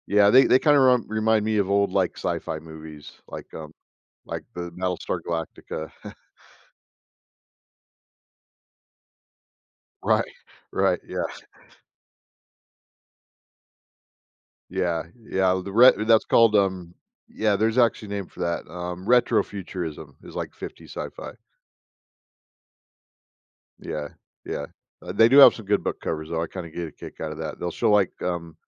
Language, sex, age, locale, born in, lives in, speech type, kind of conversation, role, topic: English, male, 50-54, en-US, United States, United States, conversation, podcast, guest, What makes certain inventions have a lasting impact on society?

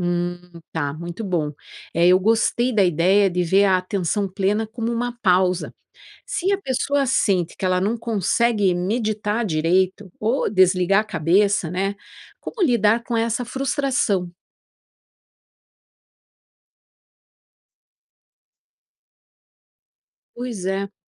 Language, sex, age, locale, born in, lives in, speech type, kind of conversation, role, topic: Portuguese, female, 50-54, pt-BR, Brazil, United States, read, expert-assistant, asker, Existe algum site, livro ou grupo confiável para quem quer começar a explorar práticas espirituais?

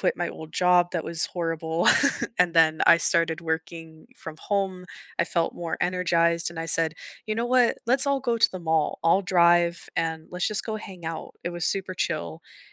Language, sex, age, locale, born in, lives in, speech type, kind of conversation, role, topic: English, female, 25-29, en-US, United States, Canada, conversation, unstructured, none, How could you redesign the way you keep up with friends so it feels easier and more joyful?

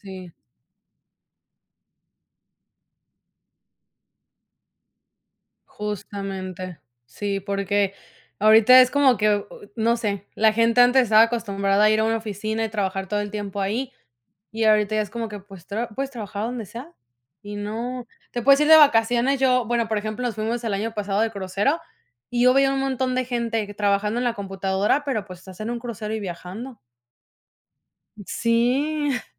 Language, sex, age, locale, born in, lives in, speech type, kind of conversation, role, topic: Spanish, female, 25-29, es-MX, Mexico, United States, conversation, unstructured, none, ¿Qué invento científico te parece el más útil?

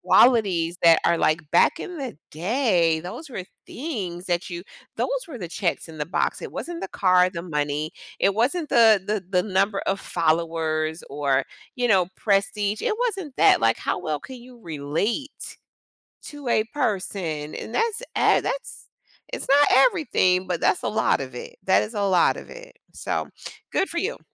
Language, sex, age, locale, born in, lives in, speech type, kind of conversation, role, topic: English, female, 45-49, en-US, United States, United States, conversation, podcast, guest, How has social media changed the way we build and maintain meaningful friendships?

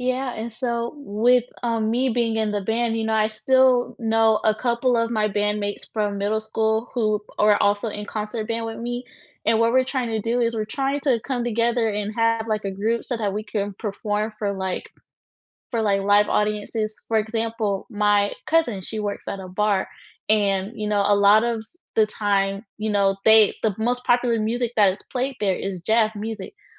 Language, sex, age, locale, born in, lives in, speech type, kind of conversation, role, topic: English, female, 20-24, en-US, United States, United States, conversation, unstructured, none, What music and movement lift your spirits when you're feeling down?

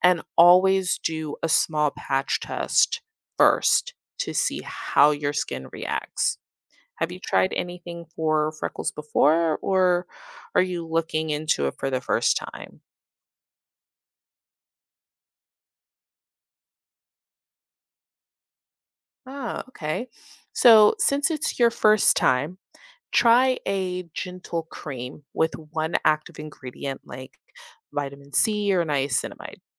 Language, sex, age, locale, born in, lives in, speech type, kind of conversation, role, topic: English, female, 25-29, en-US, United States, United States, read, expert-assistant, expert, What causes freckles on the skin?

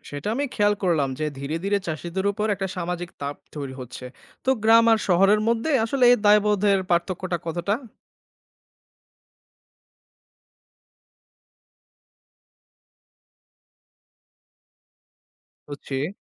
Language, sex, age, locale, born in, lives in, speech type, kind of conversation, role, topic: Bengali, male, 25-29, bn-BD, Bangladesh, Bangladesh, read, expert-assistant, asker, খাদ্য নিরাপত্তা আর নৈতিকতার মধ্যে আপনার মতে কী সম্পর্ক আছে?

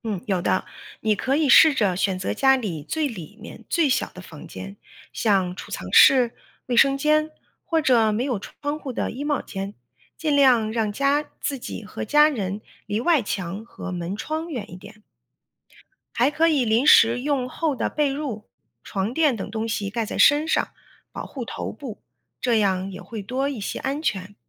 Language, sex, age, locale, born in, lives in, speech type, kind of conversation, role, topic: Chinese, female, 40-44, zh-CN, China, United States, read, expert-assistant, expert, 为什么会有龙卷风？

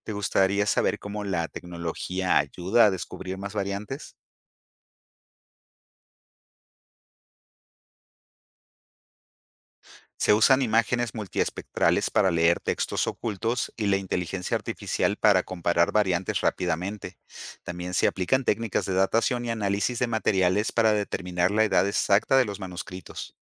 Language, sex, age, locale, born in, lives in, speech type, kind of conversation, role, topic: Spanish, male, 50-54, es-MX, Mexico, Mexico, read, expert-assistant, expert, ¿Cómo podemos saber si los textos sagrados realmente fueron escritos por las personas a quienes se les atribuyen?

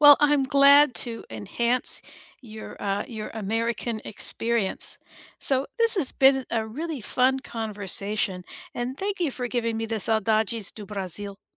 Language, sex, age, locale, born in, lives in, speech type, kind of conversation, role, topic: English, female, 60-64, en-US, United States, United States, conversation, unstructured, none, What are your favorite comfort foods, and what memories do they bring back?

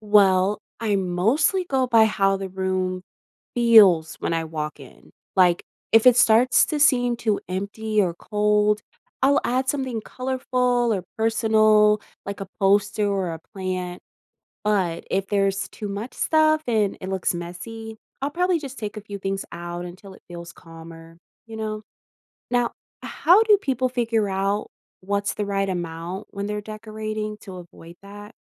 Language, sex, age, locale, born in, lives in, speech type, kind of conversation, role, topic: English, female, 20-24, en-US, United States, United States, read, expert-assistant, asker, Why is minimalistic home decor so popular now?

